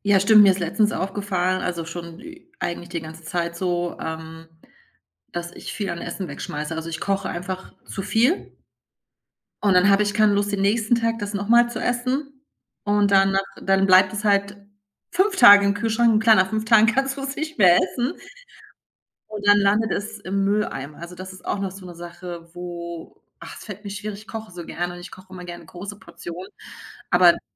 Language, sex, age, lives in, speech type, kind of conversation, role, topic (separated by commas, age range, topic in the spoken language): German, female, 45-49, Germany, conversation, advice, user, Wie kann ich mit der Angst umgehen, beim Sparen etwas zu verpassen?